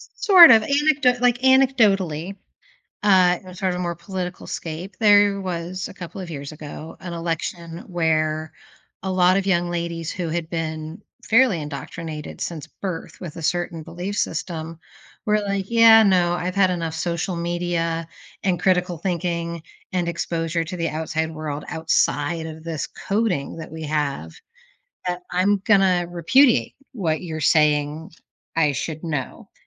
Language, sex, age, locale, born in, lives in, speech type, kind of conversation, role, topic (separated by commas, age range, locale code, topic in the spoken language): English, female, 45-49, en-US, United States, United States, conversation, podcast, host, How are modern pressures shaping the student experience today?